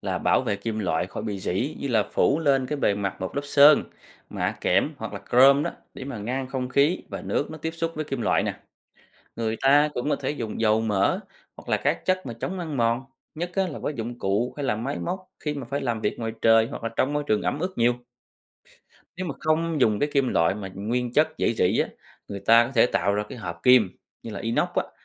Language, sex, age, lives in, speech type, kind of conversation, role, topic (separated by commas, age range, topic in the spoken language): Vietnamese, male, 30-34, Vietnam, read, expert-assistant, expert, Tại sao kim loại dẫn điện tốt?